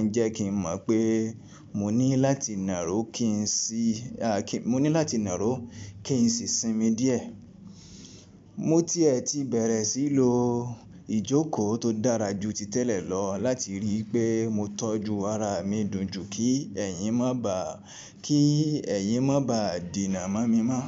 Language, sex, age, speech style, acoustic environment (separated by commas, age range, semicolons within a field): Yoruba, male, 25-34, relaxed, appliances